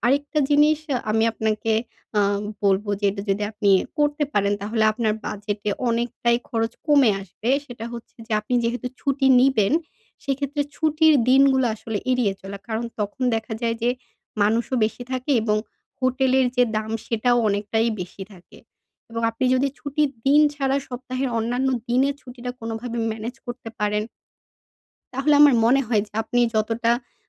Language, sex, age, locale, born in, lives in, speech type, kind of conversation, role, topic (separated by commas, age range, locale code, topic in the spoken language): Bengali, female, 25-29, bn-BD, Bangladesh, Bangladesh, conversation, advice, advisor, ছুটি উপভোগ করেও কীভাবে বাজেটের মধ্যে থাকতে পারি?